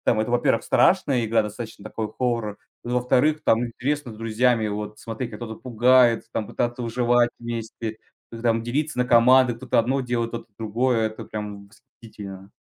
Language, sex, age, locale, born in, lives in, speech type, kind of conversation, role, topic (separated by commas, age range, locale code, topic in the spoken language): Russian, male, 20-24, ru-RU, Russia, Estonia, conversation, podcast, guest, Что делает игру по-настоящему увлекательной для тебя?